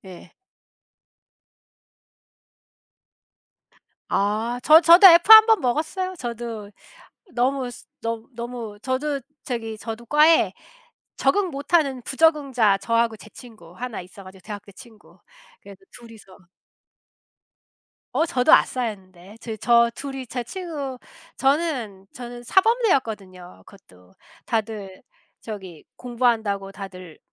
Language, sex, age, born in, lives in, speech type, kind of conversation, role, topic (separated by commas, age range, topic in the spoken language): Korean, female, 35-39, South Korea, United States, conversation, unstructured, none, 친구와 함께한 추억 중 가장 재미있었던 일은 무엇인가요?